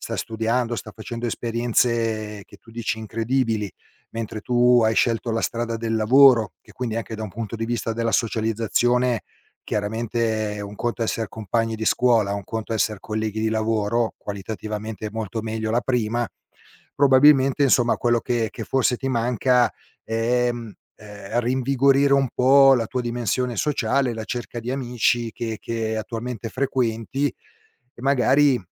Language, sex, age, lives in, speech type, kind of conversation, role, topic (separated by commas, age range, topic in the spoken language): Italian, male, 50-54, Italy, conversation, advice, advisor, Come stai vivendo l’allontanamento degli amici o il cambiamento nei tuoi legami sociali?